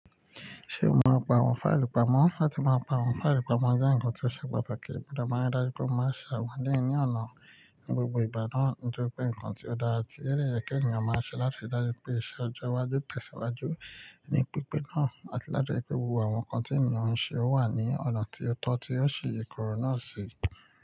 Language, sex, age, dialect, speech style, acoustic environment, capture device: Yoruba, male, 25-34, Nigeria - Nigerian Standard, focused, nature, mobile / Linux / Chrome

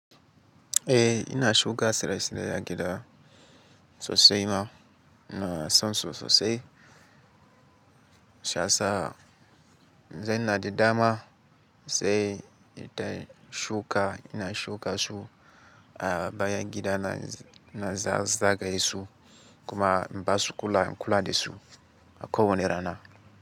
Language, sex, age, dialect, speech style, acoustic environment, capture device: Hausa, male, 18-24, Nigeria - Katsina, happy; focused, silence; nature, mobile / Linux / Chrome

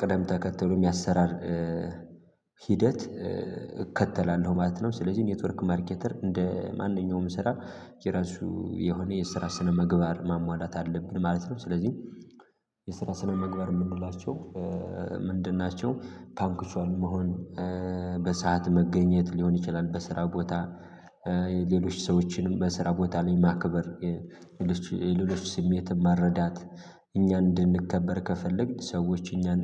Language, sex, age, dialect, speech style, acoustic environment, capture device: Amharic, male, 18-24, Ethiopia - Gojjam, focused, silence, mobile / Linux / Chrome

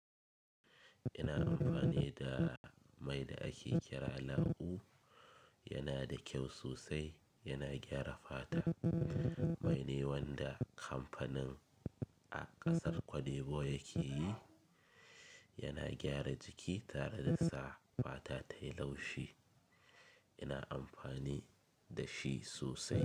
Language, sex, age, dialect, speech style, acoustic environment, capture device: Hausa, male, 25-34, Nigeria - Kano, happy; excited, silence, mobile / Linux / Chrome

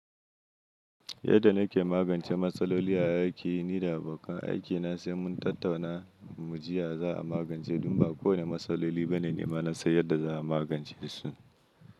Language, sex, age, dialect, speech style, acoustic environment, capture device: Hausa, male, 25-34, Nigeria - Kano, happy, silence, mobile / Linux / Chrome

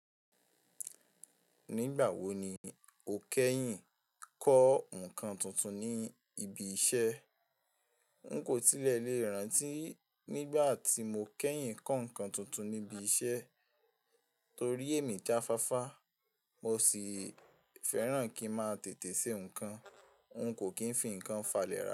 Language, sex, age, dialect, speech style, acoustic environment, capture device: Yoruba, male, 18-24, Nigeria - Ibadan, relaxed, nature, mobile / Linux / Chrome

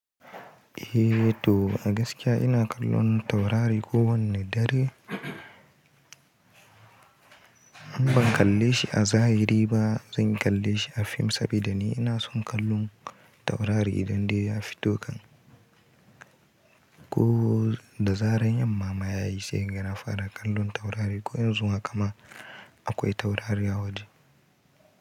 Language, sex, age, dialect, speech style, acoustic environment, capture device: Hausa, male, 18-24, Nigeria - Kano, happy; anxious, silence, mobile / Linux / Chrome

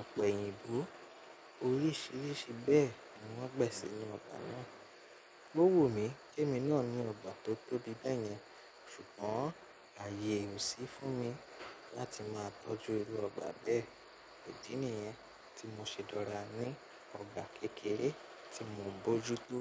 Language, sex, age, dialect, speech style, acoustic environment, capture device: Yoruba, male, 25-34, Nigeria - Nigerian Standard, relaxed; nervous; focused, appliances; silence, mobile / Linux / Chrome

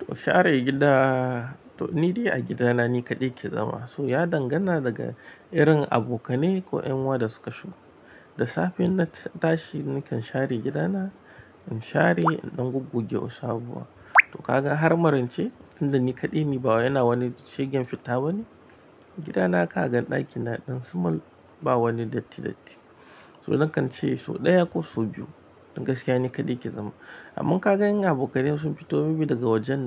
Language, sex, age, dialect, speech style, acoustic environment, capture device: Hausa, male, 25-34, Nigeria - Nigerian Standard, happy; tired; relaxed; focused, music; nature; silence, mobile / Linux / Chrome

